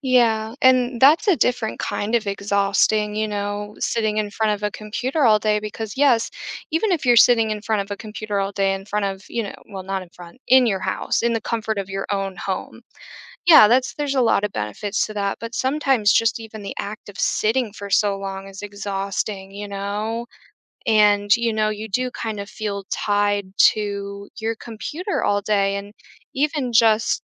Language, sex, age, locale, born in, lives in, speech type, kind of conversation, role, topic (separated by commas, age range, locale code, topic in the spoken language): English, female, 25-29, en-US, United States, United States, conversation, podcast, host, What are some effective ways to maintain healthy boundaries between work and personal life?